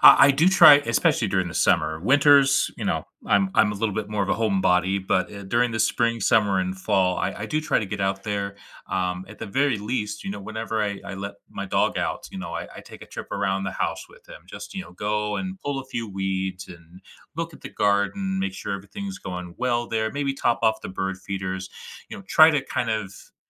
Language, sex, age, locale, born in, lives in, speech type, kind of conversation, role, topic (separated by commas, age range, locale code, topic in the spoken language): English, male, 35-39, en-US, United States, United States, conversation, advice, user, How can I manage overwhelming daily responsibilities without burning out?